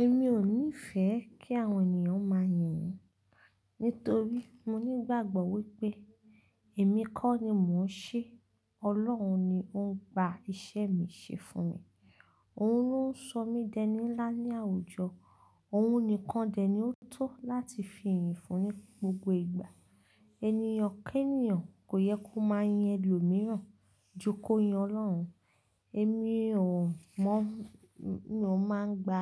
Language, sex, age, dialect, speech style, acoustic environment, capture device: Yoruba, female, 25-34, Nigeria - Ibadan, relaxed; focused, nature; silence; appliances, mobile / Linux / Chrome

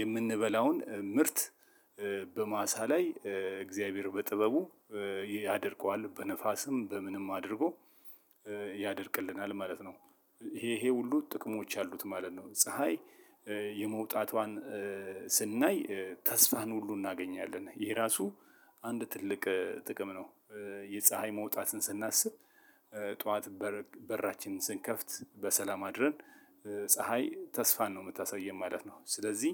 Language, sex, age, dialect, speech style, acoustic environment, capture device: Amharic, male, 35-44, Ethiopia - Addis Ababa, relaxed, silence, mobile / Linux / Chrome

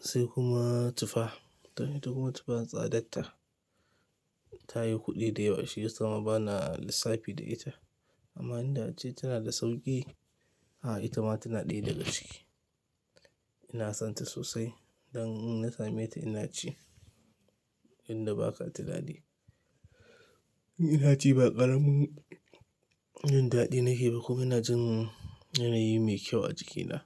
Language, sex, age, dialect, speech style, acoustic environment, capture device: Hausa, male, 25-34, Nigeria - Kano, happy, silence, mobile / Linux / Chrome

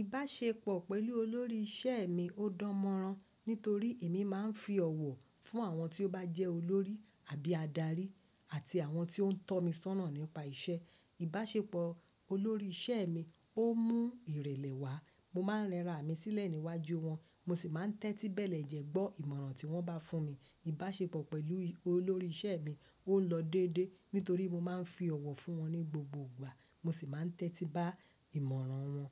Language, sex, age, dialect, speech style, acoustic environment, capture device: Yoruba, female, 25-34, Nigeria - Lagos Yoruba, happy; focused, silence; nature, mobile / Linux / Chrome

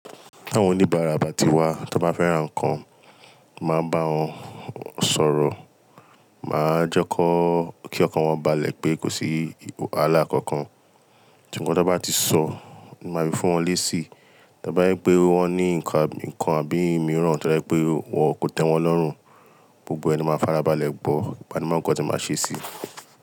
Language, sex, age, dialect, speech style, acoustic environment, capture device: Yoruba, male, 25-34, Nigeria - Lagos Yoruba, tired; nervous, people talking; appliances, mobile / Linux / Chrome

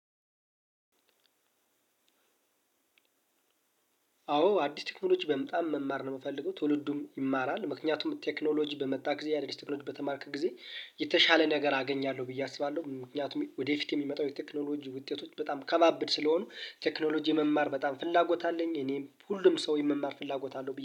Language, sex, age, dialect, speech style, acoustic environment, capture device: Amharic, male, 25-34, Ethiopia - Gondar, relaxed, people talking, mobile / Linux / Chrome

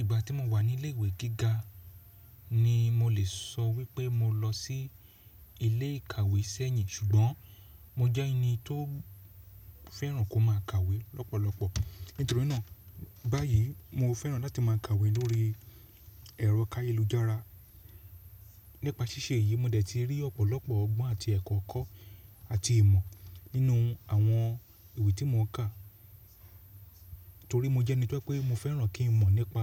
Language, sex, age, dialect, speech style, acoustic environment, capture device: Yoruba, male, 35-44, Nigeria - Lagos Yoruba, happy; relaxed; excited, silence, mobile / Linux / Chrome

